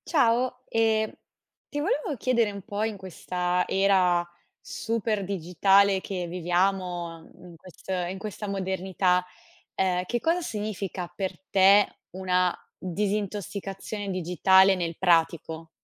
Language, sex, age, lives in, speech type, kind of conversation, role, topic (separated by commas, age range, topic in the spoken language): Italian, female, 25-29, Italy, conversation, podcast, host, Che cosa significa per te una disintossicazione digitale concreta?